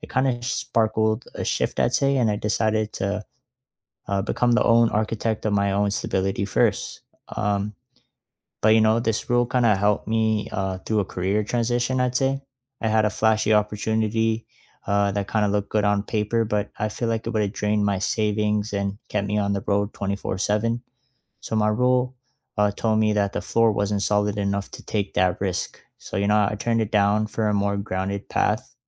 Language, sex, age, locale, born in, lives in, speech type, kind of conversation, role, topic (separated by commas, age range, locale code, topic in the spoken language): English, male, 20-24, en-CA, Canada, Canada, conversation, unstructured, none, What personal rule guides your everyday choices, and where did it come from?